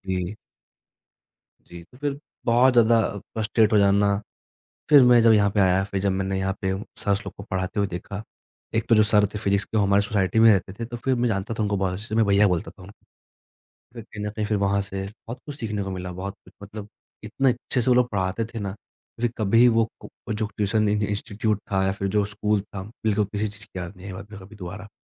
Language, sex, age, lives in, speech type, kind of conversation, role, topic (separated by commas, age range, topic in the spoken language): Hindi, male, 20-24, India, conversation, podcast, guest, आप कब तय करते हो कि छोड़ देना बेहतर है?